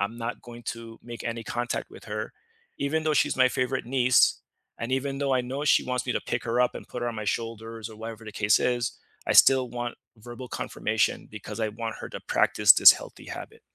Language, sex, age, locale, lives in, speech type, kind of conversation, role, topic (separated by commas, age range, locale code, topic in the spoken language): English, male, 35-39, en-US, United States, conversation, unstructured, none, How can you say no without feeling guilty while keeping your relationships strong?